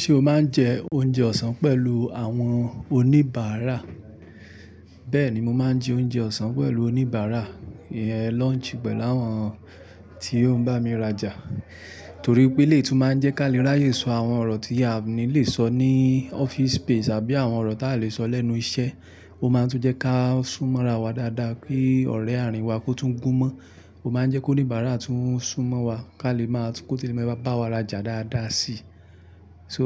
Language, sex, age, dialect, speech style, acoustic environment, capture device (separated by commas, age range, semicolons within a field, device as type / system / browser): Yoruba, male, 25-34, Nigeria - Ibadan, relaxed, appliances, mobile / Linux / Chrome